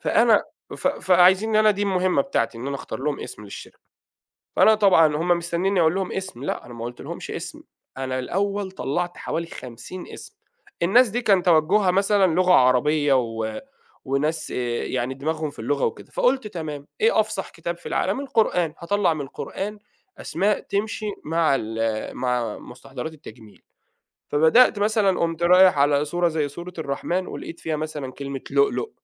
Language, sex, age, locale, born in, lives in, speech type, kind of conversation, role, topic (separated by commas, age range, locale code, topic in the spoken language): Arabic, male, 30-34, ar-EG, Saudi Arabia, Egypt, conversation, podcast, guest, ازاي بتلاقي الإلهام لما تحس إنك محبوس؟